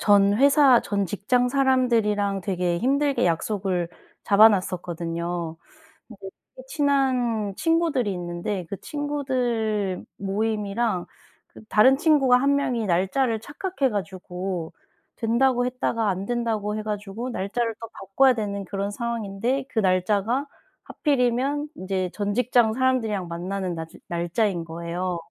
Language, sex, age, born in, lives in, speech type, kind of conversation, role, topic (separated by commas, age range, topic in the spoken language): Korean, female, 30-34, South Korea, South Korea, conversation, advice, user, 자주 바뀌는 일정과 잦은 취소 때문에 스트레스를 받을 때 어떻게 대처하면 좋을까요?